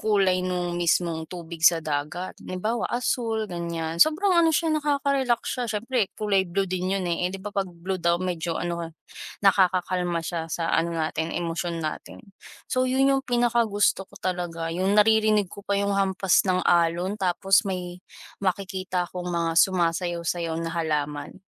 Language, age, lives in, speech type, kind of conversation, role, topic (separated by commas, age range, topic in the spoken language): Filipino, 20-24, Philippines, conversation, unstructured, none, Ano ang mga simpleng bagay sa kalikasan na nagpapasaya sa’yo?